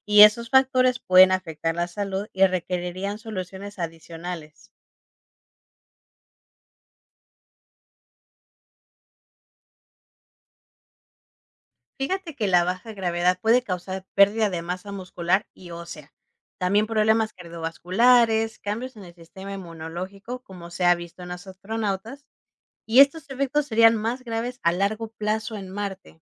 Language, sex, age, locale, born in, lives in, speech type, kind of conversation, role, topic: Spanish, female, 30-34, es-MX, Mexico, Mexico, read, expert-assistant, expert, ¿Podríamos vivir en Marte como en la Tierra algún día?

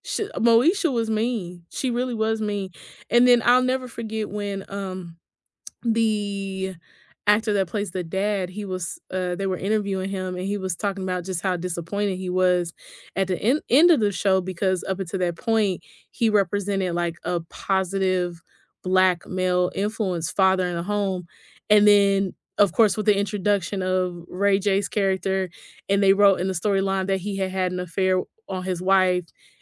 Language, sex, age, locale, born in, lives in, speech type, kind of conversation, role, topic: English, female, 35-39, en-US, United States, United States, conversation, unstructured, none, Which childhood cartoons or shows still make you smile?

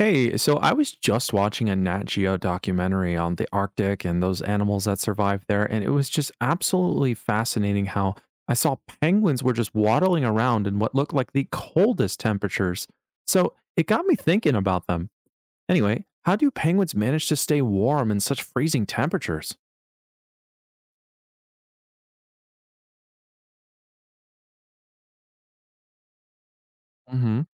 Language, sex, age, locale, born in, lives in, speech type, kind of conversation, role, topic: English, male, 25-29, en-US, United States, United States, read, expert-assistant, asker, How do penguins survive in extreme cold?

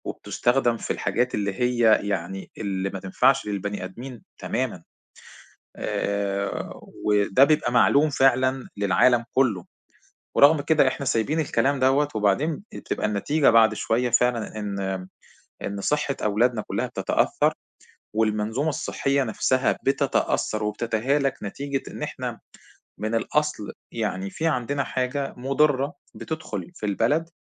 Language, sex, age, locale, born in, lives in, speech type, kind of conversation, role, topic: Arabic, male, 40-44, ar-EG, Egypt, Egypt, conversation, unstructured, none, هل المفروض الشركات اللي بتروّج للأكل الضار تتعاقب؟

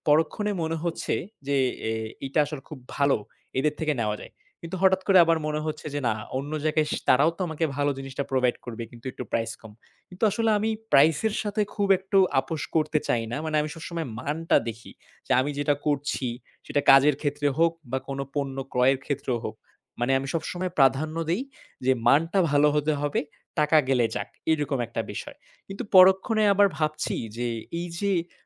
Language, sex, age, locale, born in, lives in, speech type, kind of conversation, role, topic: Bengali, male, 20-24, bn-BD, Bangladesh, Bangladesh, conversation, advice, user, নৈমিত্তিক, বিরক্তিকর কাজগুলোতে আমি কীভাবে মান ও উদ্দেশ্য খুঁজে পাব?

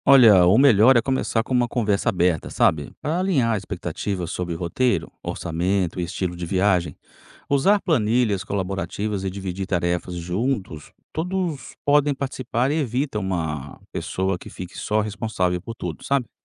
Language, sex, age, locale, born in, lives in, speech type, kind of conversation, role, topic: Portuguese, male, 45-49, pt-BR, Brazil, United States, read, expert-assistant, expert, Como lidar com os desafios de planejar uma viagem em grupo, conciliando interesses e orçamentos diferentes?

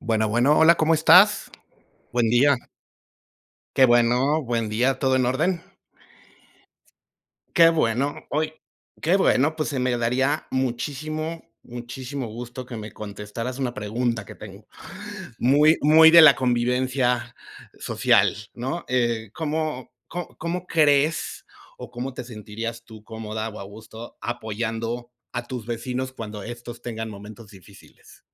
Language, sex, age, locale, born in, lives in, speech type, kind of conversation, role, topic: Spanish, male, 45-49, es-MX, Mexico, Mexico, conversation, unstructured, none, ¿Cómo podemos apoyar a nuestros vecinos en momentos difíciles?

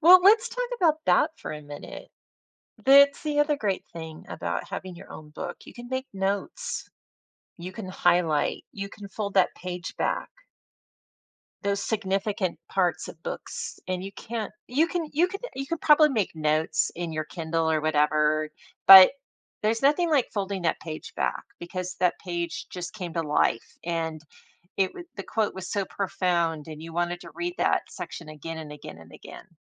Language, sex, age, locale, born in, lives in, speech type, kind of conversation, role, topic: English, female, 55-59, en-US, United States, United States, conversation, podcast, host, In what ways can reading change our perspectives and understanding of the world?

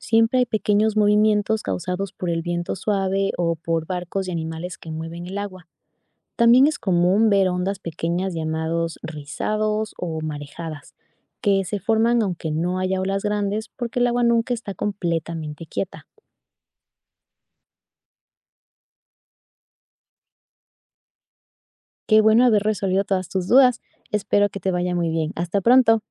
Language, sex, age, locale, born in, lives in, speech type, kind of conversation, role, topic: Spanish, female, 25-29, es-EC, Ecuador, Spain, read, expert-assistant, expert, ¿Cómo se forman las olas en el mar?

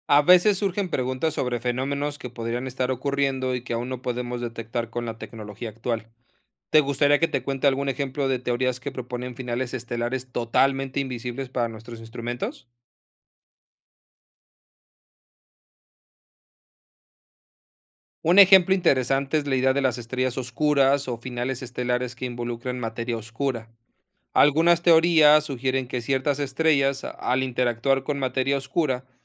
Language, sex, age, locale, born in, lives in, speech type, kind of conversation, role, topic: Spanish, male, 30-34, es-MX, Mexico, Mexico, read, expert-assistant, expert, ¿Existen finales estelares que aún no podemos detectar?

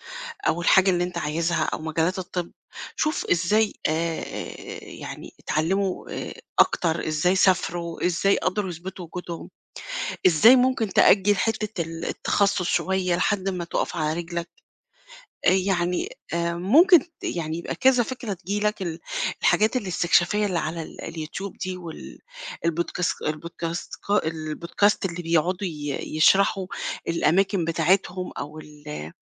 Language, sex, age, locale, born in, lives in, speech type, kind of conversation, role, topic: Arabic, female, 50-54, ar-EG, Egypt, Portugal, conversation, advice, advisor, إزاي أتعامل مع إحساسي إن مساري الوظيفي في المستقبل مش واضح؟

